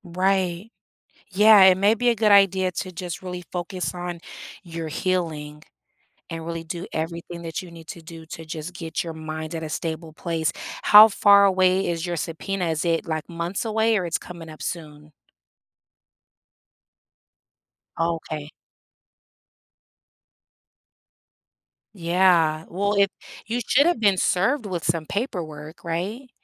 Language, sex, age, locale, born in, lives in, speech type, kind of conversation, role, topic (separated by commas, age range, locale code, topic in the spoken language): English, female, 40-44, en-US, United States, United States, conversation, advice, advisor, How can I cope with guilt after lying or bending the truth and decide whether to apologize or make amends?